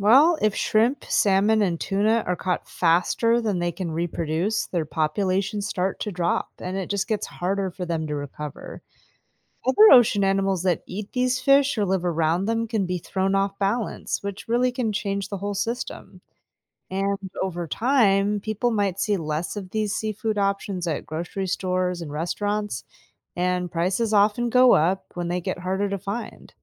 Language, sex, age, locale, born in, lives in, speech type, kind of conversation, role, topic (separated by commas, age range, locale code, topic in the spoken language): English, female, 35-39, en-US, United States, United States, read, expert-assistant, expert, How does overfishing impact marine life?